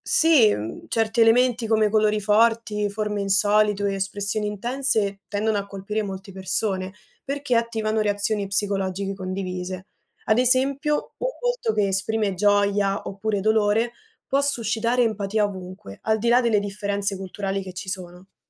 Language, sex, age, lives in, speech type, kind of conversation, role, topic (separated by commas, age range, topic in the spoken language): Italian, female, 20-24, Italy, read, expert-assistant, expert, Perché alcune opere d’arte ci emozionano più di altre?